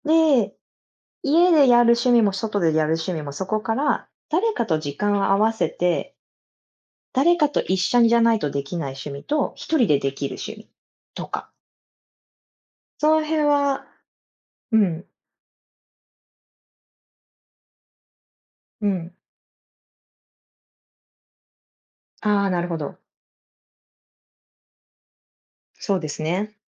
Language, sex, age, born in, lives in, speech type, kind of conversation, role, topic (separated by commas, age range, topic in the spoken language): Japanese, female, 30-34, Japan, United States, conversation, unstructured, none, 趣味を始めるとき、何を重視しますか？